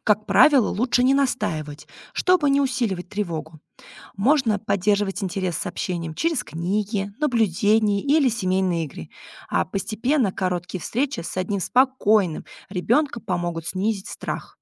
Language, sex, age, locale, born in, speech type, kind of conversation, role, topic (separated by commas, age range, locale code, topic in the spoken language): Russian, female, 30-34, ru-RU, Russia, read, expert-assistant, expert, Детский сад или домашнее воспитание: что больше способствует развитию социальных навыков у ребёнка?